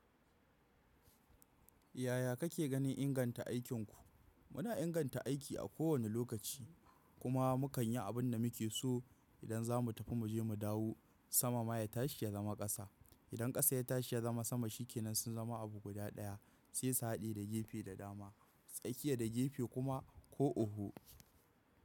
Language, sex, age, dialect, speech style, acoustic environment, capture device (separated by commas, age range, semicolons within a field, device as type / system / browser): Hausa, male, 18-24, Nigeria - Kano, focused, appliances, mobile / macOS / Safari